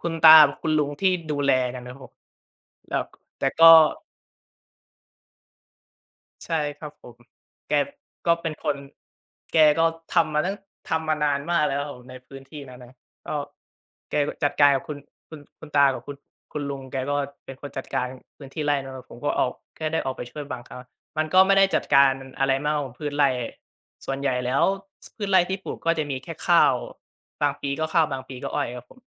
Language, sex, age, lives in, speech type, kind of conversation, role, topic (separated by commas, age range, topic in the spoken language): Thai, male, 20-24, Thailand, conversation, podcast, guest, คุณหาแรงบันดาลใจจากอะไรบ้าง?